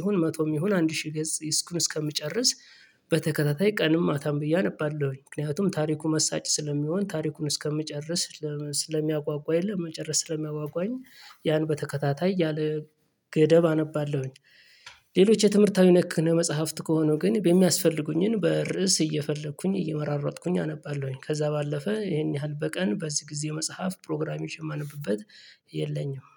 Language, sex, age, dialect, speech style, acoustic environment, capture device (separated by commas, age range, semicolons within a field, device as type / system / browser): Amharic, male, 35-44, Ethiopia - Gojjam, happy, traffic, mobile / Linux / Chrome